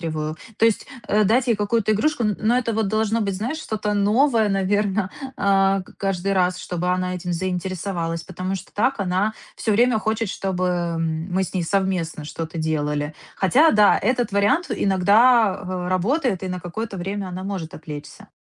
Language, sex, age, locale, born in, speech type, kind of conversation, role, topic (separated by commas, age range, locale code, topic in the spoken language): Russian, female, 30-34, ru-RU, Ukraine, conversation, advice, user, Как поддерживать стабильный уровень энергии по утрам?